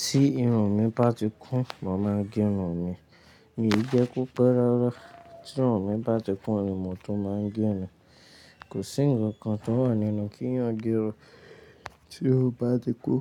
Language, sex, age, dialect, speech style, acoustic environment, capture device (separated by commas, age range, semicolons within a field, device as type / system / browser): Yoruba, male, 18-24, Nigeria - Lagos Yoruba, relaxed; focused, nature, mobile / Linux / Chrome